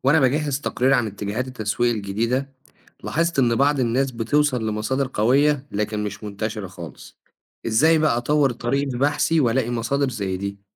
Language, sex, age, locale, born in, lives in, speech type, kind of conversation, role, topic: Arabic, male, 25-29, ar-EG, Egypt, Egypt, read, expert-assistant, asker, لو عايز أبحث عن موضوع معين بعمق على الإنترنت، إيه هي الاستراتيجيات اللي تخليني أوصل لمصادر موثوقة ومحتوى غني مش بس نتائج سطحية؟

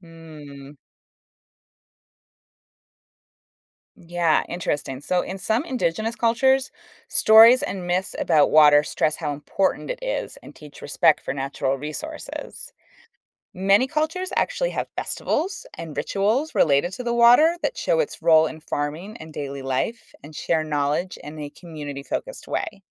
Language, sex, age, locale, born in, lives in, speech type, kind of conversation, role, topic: English, female, 35-39, en-US, United States, United States, read, expert-assistant, expert, What is the science behind the water cycle?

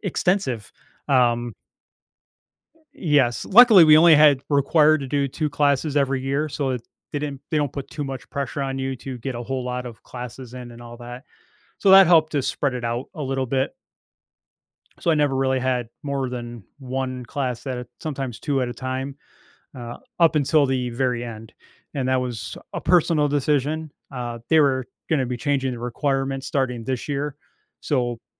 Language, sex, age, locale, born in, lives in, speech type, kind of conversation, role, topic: English, male, 45-49, en-US, United States, United States, conversation, advice, user, How can I get promoted at work?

